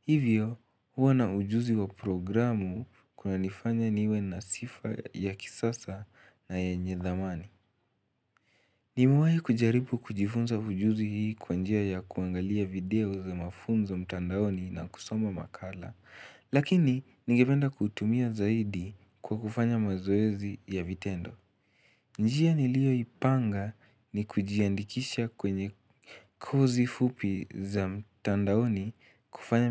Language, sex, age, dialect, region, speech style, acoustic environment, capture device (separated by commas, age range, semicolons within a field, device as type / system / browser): Swahili, male, 18-24, Kenya - Nairobi Sheng-influenced, Kenya, excited, silence, mobile / Linux / Chrome